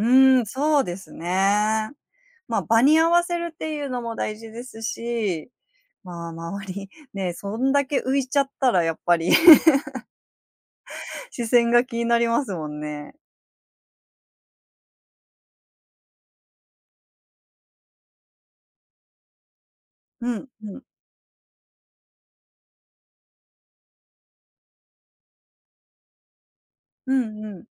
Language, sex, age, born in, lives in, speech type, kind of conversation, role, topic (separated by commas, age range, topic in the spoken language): Japanese, female, 30-34, Japan, Japan, conversation, podcast, host, 周りの目は服選びに影響しますか？